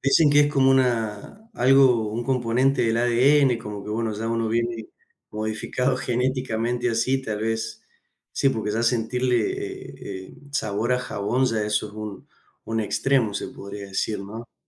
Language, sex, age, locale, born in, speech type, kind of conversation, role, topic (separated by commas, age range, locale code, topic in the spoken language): Spanish, male, 30-34, es-AR, Argentina, conversation, unstructured, none, ¿Cuál es tu comida rápida menos favorita y por qué?